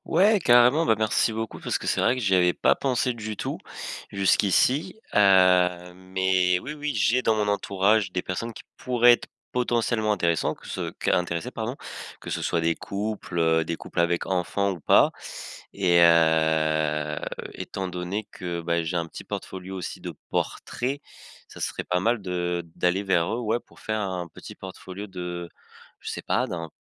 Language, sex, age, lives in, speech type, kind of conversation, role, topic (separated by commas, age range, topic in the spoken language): French, male, 20-24, France, conversation, advice, user, Comment acquérir vos premiers clients avec très peu de budget ?